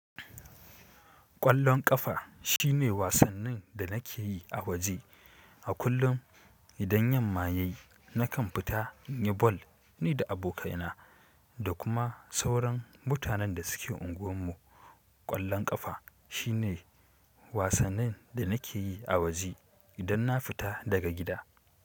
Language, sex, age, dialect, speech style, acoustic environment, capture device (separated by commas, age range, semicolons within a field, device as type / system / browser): Hausa, male, 18-24, Nigeria - Kano, happy, silence, mobile / Linux / Chrome